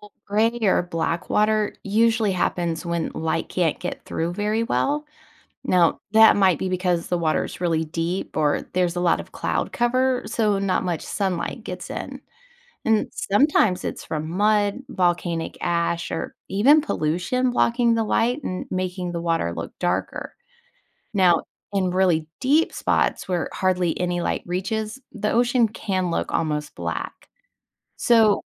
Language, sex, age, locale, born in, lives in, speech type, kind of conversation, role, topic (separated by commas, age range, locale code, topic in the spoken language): English, female, 40-44, en-US, United States, United States, read, expert-assistant, expert, Why does the ocean look blue?